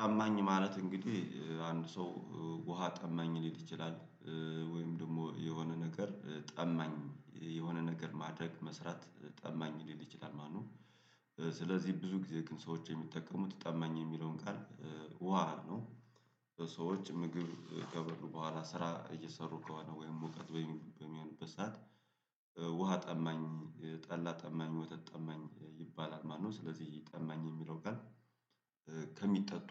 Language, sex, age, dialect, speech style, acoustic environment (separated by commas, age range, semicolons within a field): Amharic, male, 35-44, Ethiopia - Gojjam, happy, nature